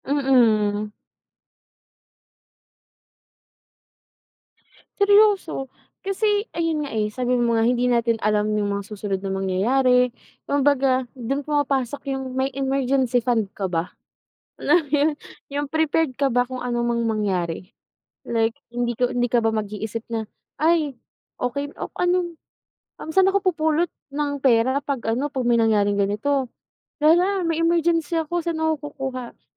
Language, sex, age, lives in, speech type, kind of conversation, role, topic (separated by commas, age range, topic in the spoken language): Filipino, female, 25-29, Philippines, conversation, unstructured, none, Paano mo pinipili kung saan mo gagastusin ang pera mo araw-araw?